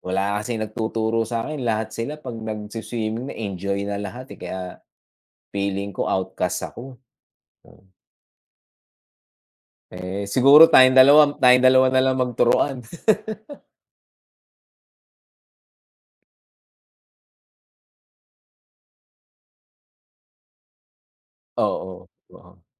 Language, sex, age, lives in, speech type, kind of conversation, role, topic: Filipino, male, 45-49, United States, conversation, unstructured, none, Ano ang paborito mong gawin sa libreng oras mo?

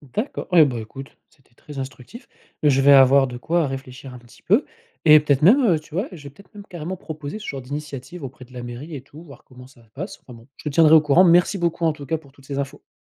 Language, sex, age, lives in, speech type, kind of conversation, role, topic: French, male, 40-44, France, read, expert-assistant, asker, Les transports gratuits ou les consultations à distance : quelle solution aide le plus de personnes à accéder aux soins ?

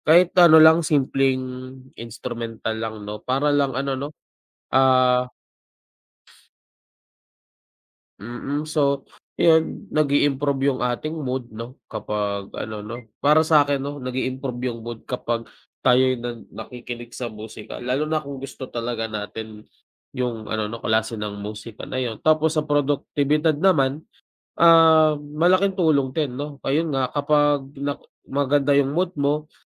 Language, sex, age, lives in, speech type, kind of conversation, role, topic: Filipino, male, 25-29, Philippines, conversation, unstructured, none, Paano nakaaapekto ang musika sa ating damdamin at produktibidad?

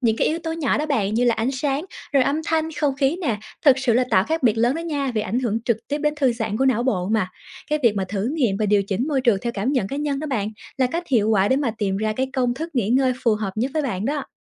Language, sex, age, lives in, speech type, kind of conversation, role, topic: Vietnamese, female, 25-29, Vietnam, read, expert-assistant, expert, Có thói quen hay hoạt động nào giúp nhân viên xã hội nạp lại năng lượng sau những ngày làm việc căng thẳng?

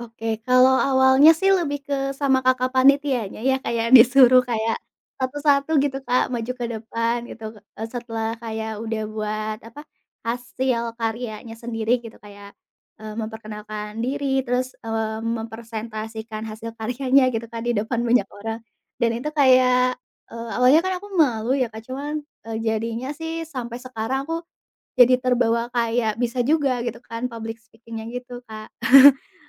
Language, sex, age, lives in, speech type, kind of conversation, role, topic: Indonesian, female, 25-29, Indonesia, conversation, podcast, guest, Bagaimana hobi bisa membantu kamu berkenalan dengan orang baru atau bergabung dalam komunitas?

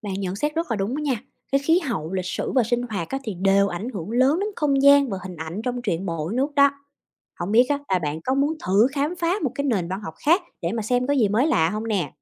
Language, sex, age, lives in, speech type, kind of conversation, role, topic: Vietnamese, female, 30-34, United States, read, expert-assistant, expert, Làm sao nhận biết nét riêng giữa văn học Việt Nam và nước ngoài khi đọc truyện?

